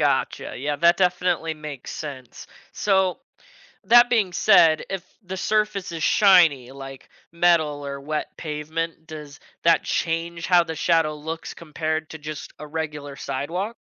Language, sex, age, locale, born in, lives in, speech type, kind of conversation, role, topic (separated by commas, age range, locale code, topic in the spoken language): English, male, 20-24, en-US, United States, United States, read, expert-assistant, asker, Why does your shadow change size during the day?